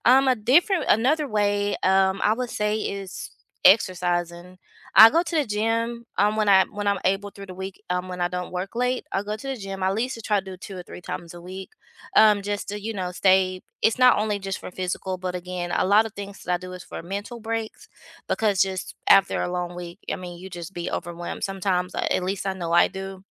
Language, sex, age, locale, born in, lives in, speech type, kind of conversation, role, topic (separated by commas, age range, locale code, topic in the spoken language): English, female, 35-39, en-US, United States, United States, conversation, unstructured, none, What are your favorite ways to unwind after a busy week?